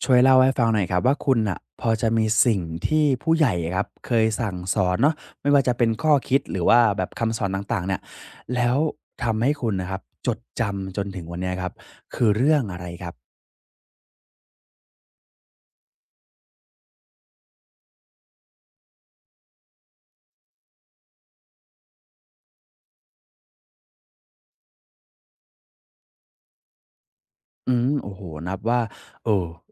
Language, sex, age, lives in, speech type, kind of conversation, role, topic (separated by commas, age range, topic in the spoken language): Thai, male, 20-24, Thailand, conversation, podcast, host, คุณจำคำสอนจากผู้ใหญ่เรื่องไหนได้จนถึงวันนี้?